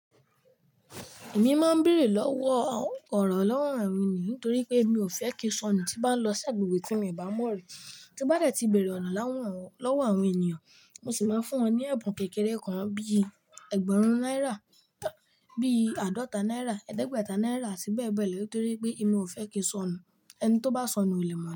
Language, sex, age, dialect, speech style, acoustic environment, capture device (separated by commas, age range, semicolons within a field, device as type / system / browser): Yoruba, male, 18-24, Nigeria - Lagos Yoruba, relaxed, nature, mobile / Linux / Chrome